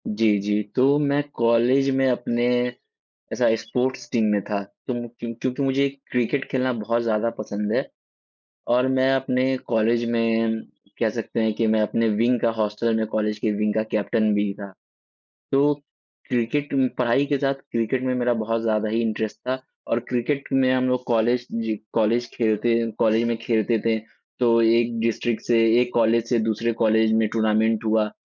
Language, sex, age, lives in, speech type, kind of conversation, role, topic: Hindi, male, 20-24, India, conversation, unstructured, none, आपको पढ़ाई में सबसे मज़ेदार क्या लगता है?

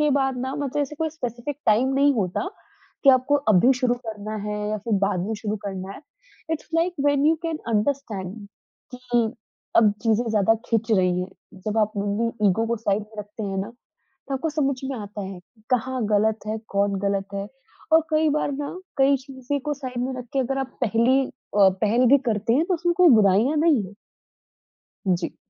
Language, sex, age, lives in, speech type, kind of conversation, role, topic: Hindi, female, 20-24, India, conversation, podcast, guest, Conflict ke baad pehli baar baat kaise shuru karte ho?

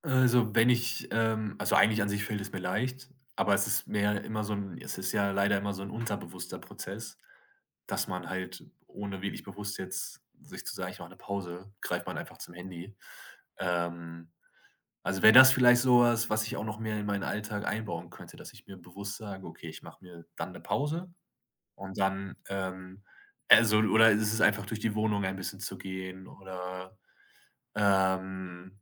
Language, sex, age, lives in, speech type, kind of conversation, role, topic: German, male, 25-29, Germany, conversation, podcast, guest, Welche Tricks helfen dir am besten gegen ständige Ablenkung durch das Smartphone?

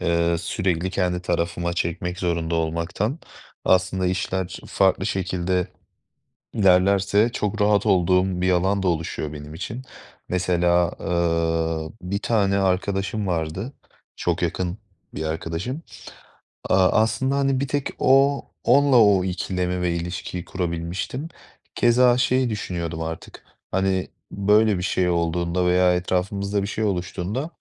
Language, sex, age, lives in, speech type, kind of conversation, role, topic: Turkish, male, 25-29, Poland, conversation, advice, user, Mükemmeliyetçilik yüzünden seçim yaparken neden karar veremiyorum?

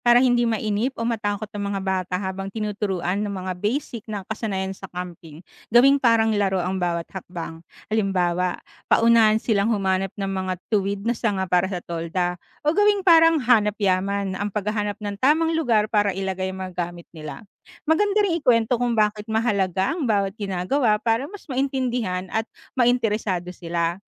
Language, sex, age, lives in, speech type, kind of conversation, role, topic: Filipino, female, 35-39, Philippines, read, expert-assistant, expert, Paano magiging ligtas at masaya ang unang family camping kasama ang mga bata?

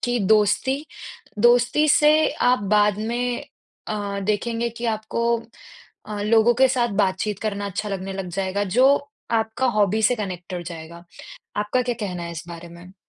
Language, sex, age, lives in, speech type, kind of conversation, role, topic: Hindi, female, 20-24, India, conversation, unstructured, none, हॉबी सीखने से आपके जीवन में क्या बदलाव आए हैं?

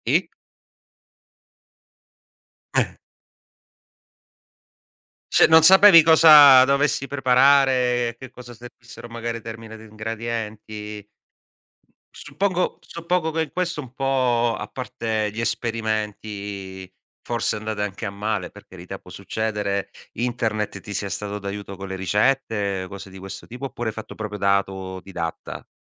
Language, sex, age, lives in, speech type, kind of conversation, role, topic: Italian, male, 40-44, Italy, conversation, podcast, host, Hai consigli pratici per mangiare bene quando hai poco tempo?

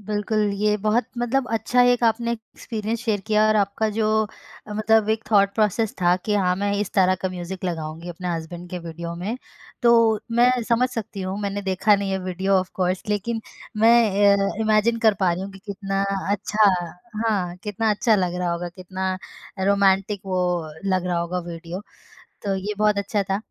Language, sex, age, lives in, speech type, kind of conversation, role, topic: Hindi, female, 25-29, India, conversation, podcast, host, Kya kisi film ke geeton ne tumhe bilkul badal diya?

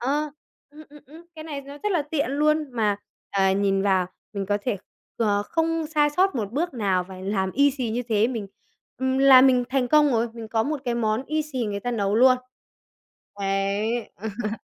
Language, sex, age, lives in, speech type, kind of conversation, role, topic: Vietnamese, male, 20-24, Vietnam, conversation, podcast, guest, Bạn làm sao để lên ý tưởng cho một món ăn mới?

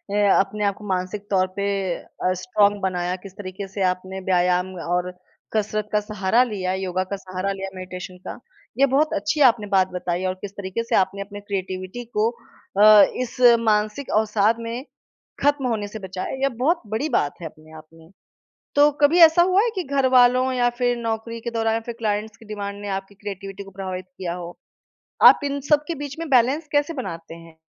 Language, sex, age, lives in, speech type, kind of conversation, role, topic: Hindi, female, 30-34, India, conversation, podcast, host, आप अपना रचनात्मक काम शुरू कैसे करते हैं?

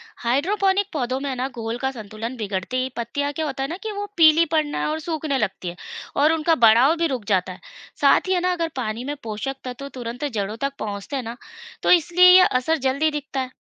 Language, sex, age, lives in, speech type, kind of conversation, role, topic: Hindi, female, 35-39, India, read, expert-assistant, expert, शहरों में हरियाली बचाने में समय और जगह की दिक्कतें कैसे दूर की जा सकती हैं?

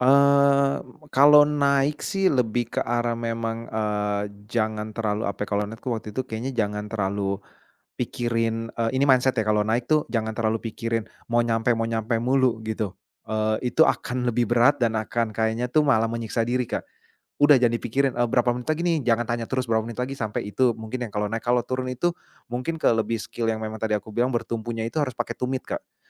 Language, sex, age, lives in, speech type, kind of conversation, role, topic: Indonesian, male, 35-39, Indonesia, conversation, podcast, guest, Apa pelajaran hidup paling sederhana yang pernah kamu pelajari dari alam?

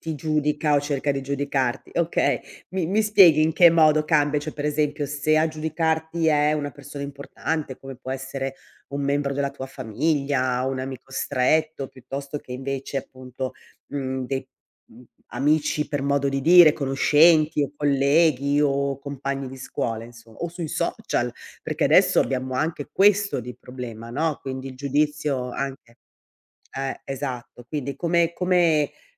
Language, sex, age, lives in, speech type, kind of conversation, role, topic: Italian, female, 55-59, Italy, conversation, podcast, host, Come fai a superare la paura del giudizio degli altri?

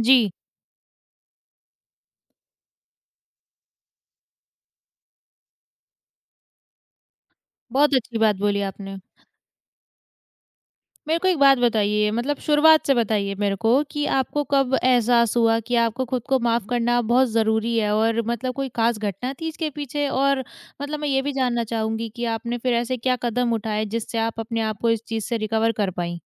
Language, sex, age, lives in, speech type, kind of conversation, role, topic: Hindi, female, 20-24, India, conversation, podcast, host, आपने खुद को माफ़ करना कैसे सीखा?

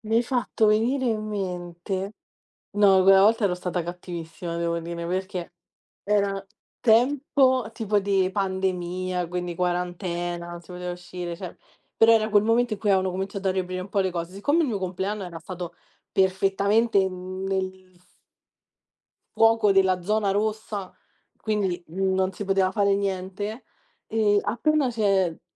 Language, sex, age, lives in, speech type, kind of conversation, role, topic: Italian, female, 20-24, Italy, conversation, unstructured, none, Qual è il gesto più romantico che hai fatto o ricevuto?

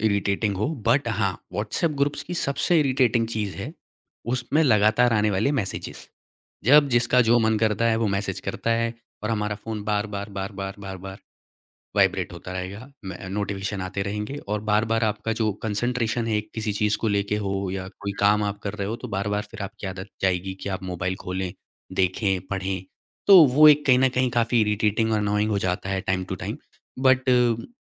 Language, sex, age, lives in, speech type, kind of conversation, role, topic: Hindi, male, 25-29, India, conversation, podcast, guest, WhatsApp groups aur neighborhood meetups mein tumhein kya farq dikhta hai?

